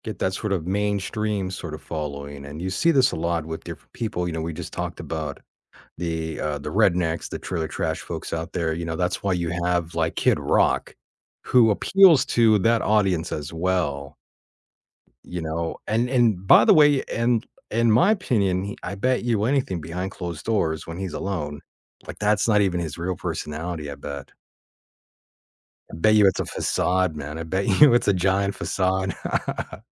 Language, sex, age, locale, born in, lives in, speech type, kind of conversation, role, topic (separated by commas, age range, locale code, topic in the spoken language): English, male, 35-39, en-US, United States, United States, conversation, podcast, host, How can a song shape your memories or life experiences?